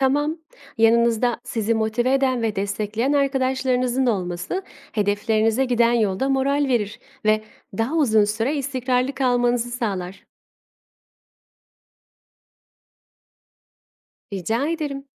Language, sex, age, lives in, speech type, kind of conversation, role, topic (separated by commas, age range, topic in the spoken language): Turkish, female, 25-29, Italy, read, expert-assistant, expert, Hedef belirlemenin önemi nedir?